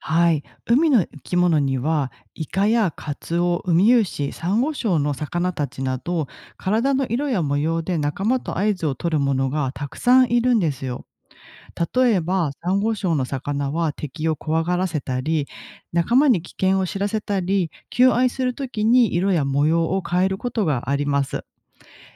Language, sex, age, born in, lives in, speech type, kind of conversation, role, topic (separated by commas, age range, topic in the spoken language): Japanese, female, 40-44, Japan, Japan, read, expert-assistant, expert, なぜタコは墨を吐くのか